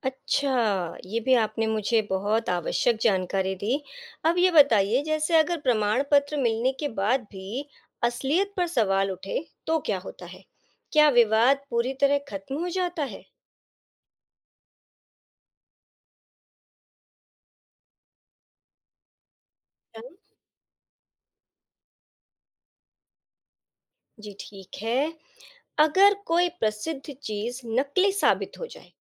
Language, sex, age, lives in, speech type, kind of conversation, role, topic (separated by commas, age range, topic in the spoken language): Hindi, female, 35-39, India, read, expert-assistant, asker, संग्रह में 'पुरानी चीज़' और 'एंटीक' में क्या फर्क होता है?